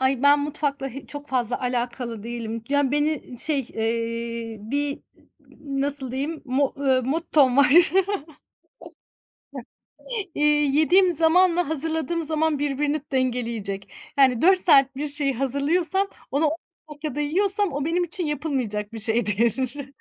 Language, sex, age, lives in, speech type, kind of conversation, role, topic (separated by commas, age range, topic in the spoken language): Turkish, female, 35-39, Germany, conversation, podcast, guest, Aile yemekleri sana hangi anıları çağrıştırıyor?